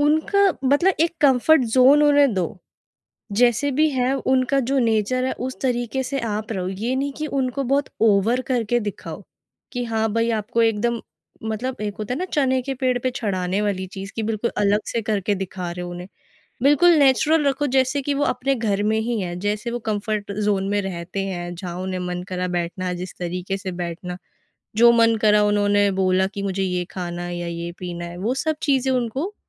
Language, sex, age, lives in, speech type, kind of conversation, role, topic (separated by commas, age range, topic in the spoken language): Hindi, female, 20-24, India, conversation, podcast, guest, Mehmaan aane par tumhari mehmaan-nawazi ka signature touch kya hota hai?